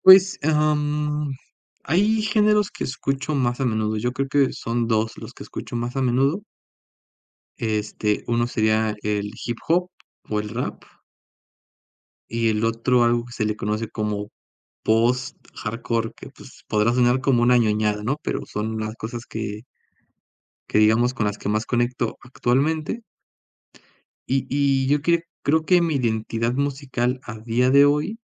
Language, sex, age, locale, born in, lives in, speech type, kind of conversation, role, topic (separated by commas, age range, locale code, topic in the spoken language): Spanish, male, 25-29, es-MX, Mexico, Mexico, conversation, podcast, guest, ¿Cómo describirías tu identidad musical hoy?